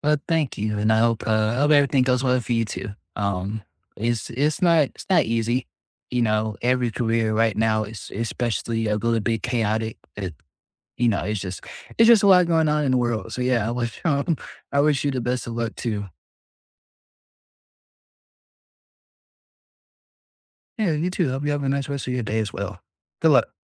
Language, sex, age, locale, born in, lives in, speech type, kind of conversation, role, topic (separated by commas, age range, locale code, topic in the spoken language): English, male, 20-24, en-US, United States, United States, conversation, unstructured, none, What scares you about making big decisions for your future?